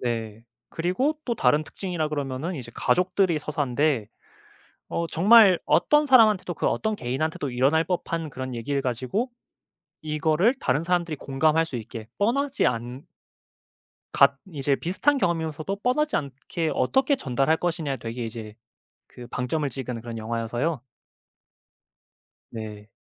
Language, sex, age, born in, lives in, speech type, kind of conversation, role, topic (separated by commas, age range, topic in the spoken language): Korean, male, 25-29, South Korea, Japan, conversation, podcast, guest, 보고 나서도 계속 생각이 나는 영화에는 어떤 특징이 있나요?